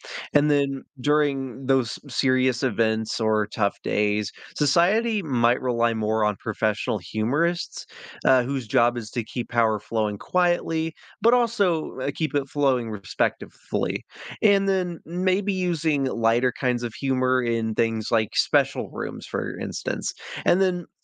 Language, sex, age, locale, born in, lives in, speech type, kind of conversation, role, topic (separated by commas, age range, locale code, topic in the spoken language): English, male, 20-24, en-US, United States, United States, read, expert-assistant, expert, Imagine if laughter could generate electricity—how would people use humor differently?